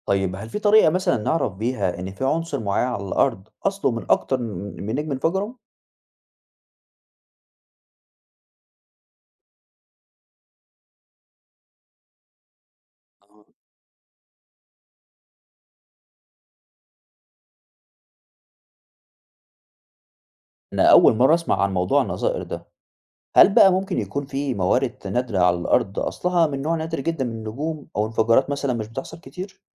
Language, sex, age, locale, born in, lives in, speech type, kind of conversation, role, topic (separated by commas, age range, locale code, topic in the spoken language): Arabic, male, 20-24, ar-EG, Egypt, Egypt, read, expert-assistant, asker, إزاي بتبدأ حياة النجوم وليه بتخلص بشكل درامي زي السوبرنوفا؟